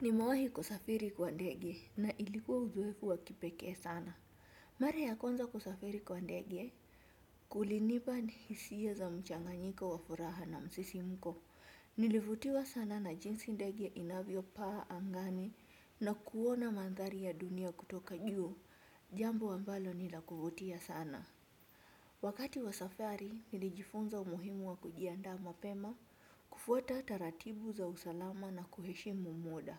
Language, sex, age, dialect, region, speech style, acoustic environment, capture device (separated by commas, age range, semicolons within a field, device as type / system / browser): Swahili, female, 25-34, Kenya - Mombasa, Kenya, relaxed, nature, desktop / Windows / Chrome